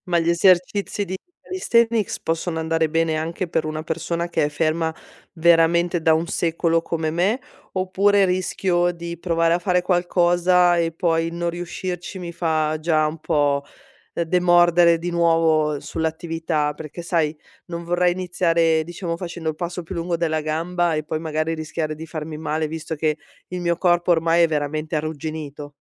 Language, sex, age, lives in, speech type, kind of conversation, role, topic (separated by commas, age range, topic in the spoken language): Italian, female, 40-44, Italy, conversation, advice, user, Come posso superare la mancanza di motivazione per iniziare o mantenere l’allenamento?